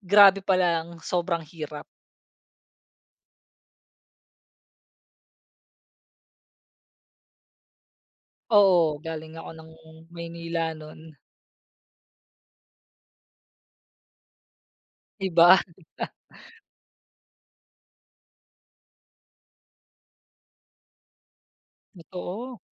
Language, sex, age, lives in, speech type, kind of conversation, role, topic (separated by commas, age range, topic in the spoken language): Filipino, male, 30-34, Philippines, conversation, podcast, guest, Naalala mo ba ang isang desisyong inakala mong maliit lang pero nagbago pala ng buhay mo?